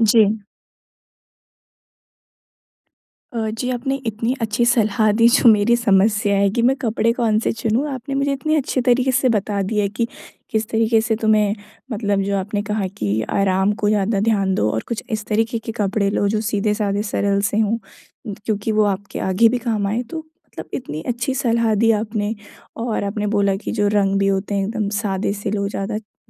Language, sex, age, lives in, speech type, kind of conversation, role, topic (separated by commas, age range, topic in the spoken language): Hindi, female, 20-24, India, conversation, advice, user, मैं कौन सा कपड़ा चुनूँ, यह तय नहीं कर पा रहा/रही हूँ—आप क्या सलाह देंगे?